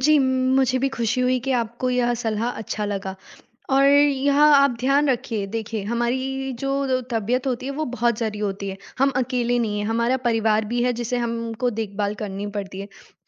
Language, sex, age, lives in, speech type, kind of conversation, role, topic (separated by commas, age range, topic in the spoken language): Hindi, female, 18-19, India, conversation, advice, advisor, काम में व्यस्त रहने के कारण क्या आपके खाने के नियम बार-बार टूट जाते हैं?